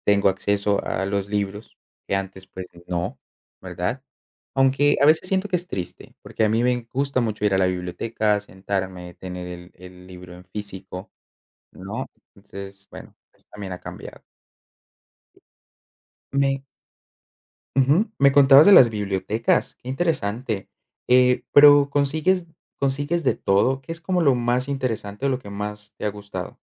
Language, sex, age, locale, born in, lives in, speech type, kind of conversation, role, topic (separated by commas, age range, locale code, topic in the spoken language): Spanish, male, 25-29, es-CO, Colombia, Netherlands, conversation, unstructured, none, ¿Prefieres leer libros, ver series o ver películas para entretenerte?